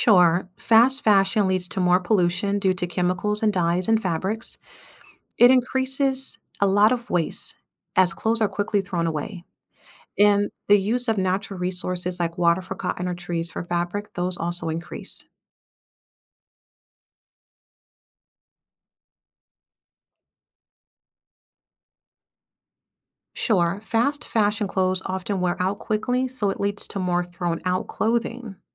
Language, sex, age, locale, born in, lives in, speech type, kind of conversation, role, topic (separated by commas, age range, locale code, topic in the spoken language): English, female, 30-34, en-US, United States, United States, read, expert-assistant, expert, What is the impact of fast fashion on the environment?